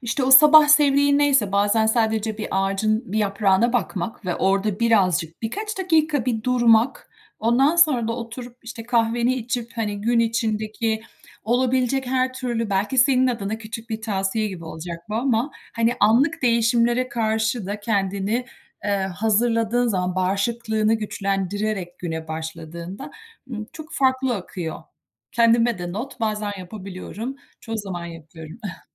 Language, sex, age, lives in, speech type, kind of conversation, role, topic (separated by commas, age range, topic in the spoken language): Turkish, female, 45-49, United States, conversation, unstructured, none, Zaman yönetimi becerilerinizi nasıl geliştirmek istersiniz?